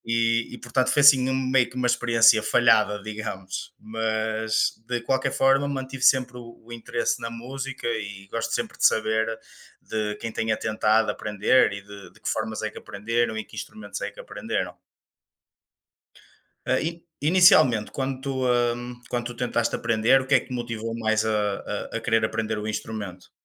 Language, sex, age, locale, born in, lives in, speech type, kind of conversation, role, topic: Portuguese, male, 25-29, pt-PT, Portugal, Portugal, conversation, unstructured, none, Você já tentou aprender a tocar um instrumento musical? Como foi?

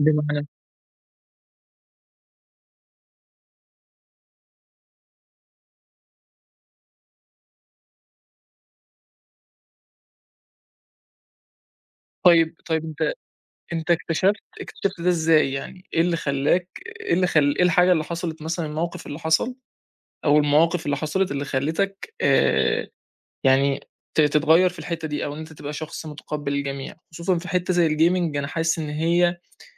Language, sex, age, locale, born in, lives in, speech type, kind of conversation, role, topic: Arabic, male, 20-24, ar-EG, Egypt, Egypt, conversation, podcast, host, إزاي الهواية دي غيّرتك كشخص؟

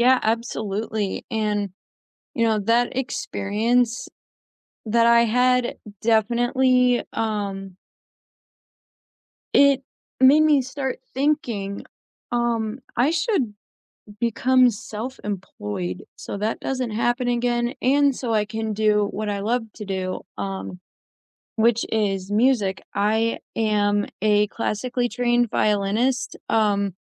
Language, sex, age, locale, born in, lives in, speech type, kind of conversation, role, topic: English, female, 20-24, en-US, United States, United States, conversation, unstructured, none, Have you ever been unfairly passed over for a promotion?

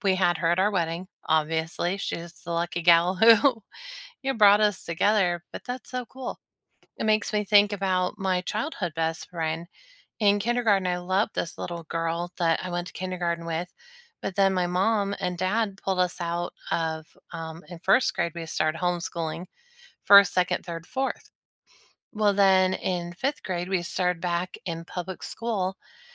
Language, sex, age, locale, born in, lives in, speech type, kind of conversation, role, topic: English, female, 40-44, en-US, United States, United States, conversation, unstructured, none, How did you meet your closest friend or partner?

